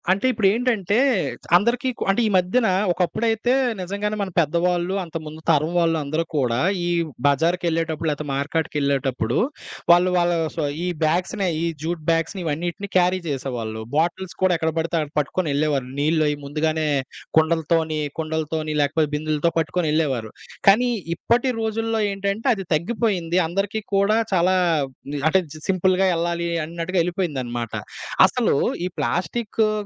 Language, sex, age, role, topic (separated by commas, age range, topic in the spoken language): Telugu, male, 25-29, host, ప్లాస్టిక్ వినియోగాన్ని ఎలా తగ్గించవచ్చు?